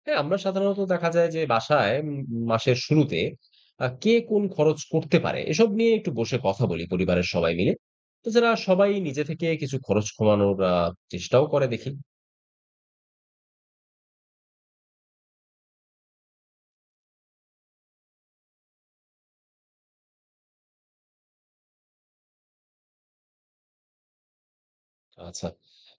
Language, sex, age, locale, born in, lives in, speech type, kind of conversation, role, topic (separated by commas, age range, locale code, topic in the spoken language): Bengali, male, 30-34, bn-BD, Bangladesh, Bangladesh, read, expert-assistant, asker, পরিবারের বাজেট পরিকল্পনা কীভাবে করা যায়